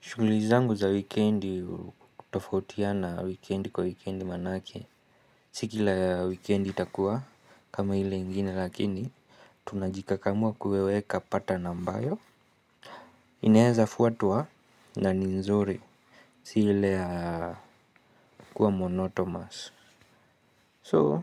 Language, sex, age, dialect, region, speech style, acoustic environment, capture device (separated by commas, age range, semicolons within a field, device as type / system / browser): Swahili, male, 25-34, Kenya - Nairobi Sheng-influenced, Kenya, relaxed, silence, mobile / Linux / Chrome